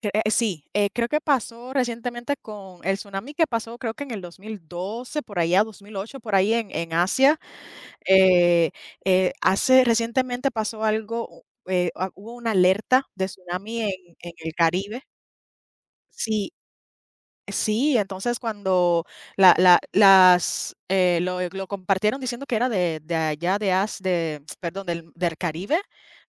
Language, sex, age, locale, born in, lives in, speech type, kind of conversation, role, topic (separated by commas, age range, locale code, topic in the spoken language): Spanish, female, 30-34, es-US, Puerto Rico, United States, conversation, unstructured, none, ¿Qué opinas sobre el maltrato animal en las redes sociales?